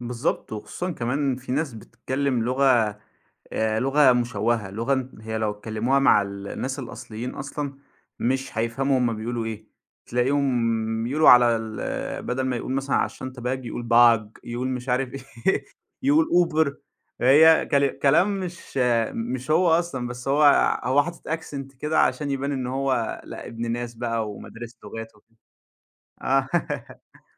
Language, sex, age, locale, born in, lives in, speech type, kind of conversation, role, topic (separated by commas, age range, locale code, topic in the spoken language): Arabic, male, 25-29, ar-EG, Egypt, Egypt, conversation, podcast, guest, لو بتتكلم لغتين، إزاي بتختار أنهي لغة تستخدم؟